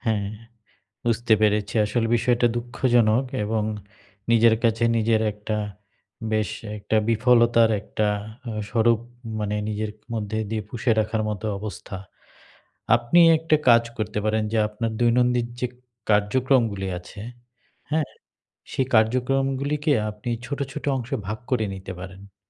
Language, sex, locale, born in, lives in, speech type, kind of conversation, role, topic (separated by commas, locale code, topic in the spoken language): Bengali, male, bn-BD, Bangladesh, Bangladesh, conversation, advice, advisor, অবসন্নতা কমিয়ে কীভাবে কাজের ধারাবাহিকতা বজায় রাখতে পারি?